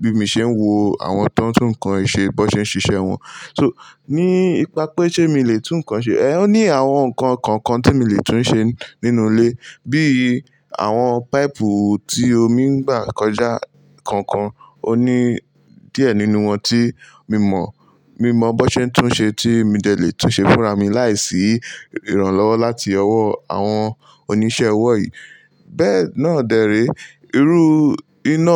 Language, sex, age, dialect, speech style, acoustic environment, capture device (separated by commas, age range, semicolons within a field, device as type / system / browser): Yoruba, male, 18-24, Nigeria - Lagos Yoruba, relaxed, nature, mobile / Linux / Chrome